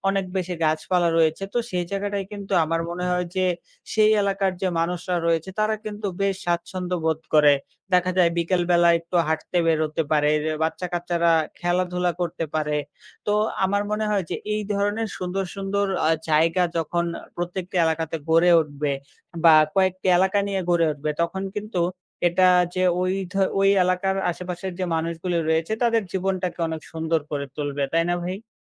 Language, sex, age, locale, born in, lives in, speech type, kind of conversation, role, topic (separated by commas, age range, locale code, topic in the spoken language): Bengali, male, 20-24, bn-BD, Bangladesh, Bangladesh, conversation, unstructured, none, আপনার এলাকার সবচেয়ে ভালো জায়গা কোনটি?